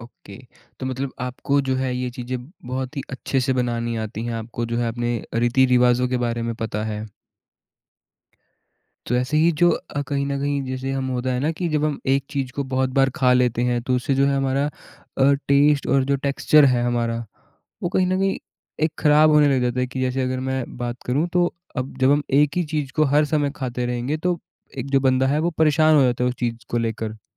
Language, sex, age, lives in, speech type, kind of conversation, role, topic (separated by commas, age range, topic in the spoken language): Hindi, male, 20-24, India, conversation, podcast, host, Aapke parivaar ke liye kaunsi tyohari dish sabse khaas hoti hai?